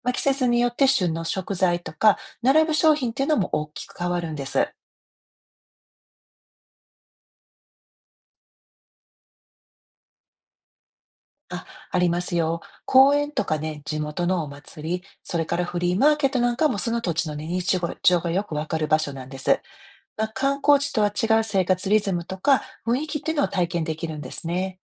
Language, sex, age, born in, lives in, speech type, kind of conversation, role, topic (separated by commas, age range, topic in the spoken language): Japanese, female, 50-54, Japan, United States, read, expert-assistant, expert, いろいろな国の暮らしの違いを知るには、どんな方法で学ぶとおもしろいでしょう？